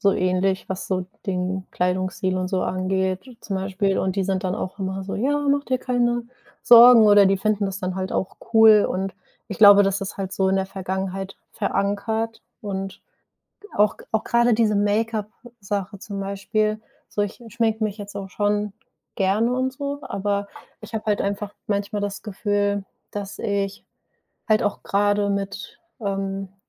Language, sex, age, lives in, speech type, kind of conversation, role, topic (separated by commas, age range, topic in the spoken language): German, female, 25-29, Germany, conversation, advice, user, Wie erlebst du den Druck, einem bestimmten Schönheits- oder Kleidungsstandard zu entsprechen?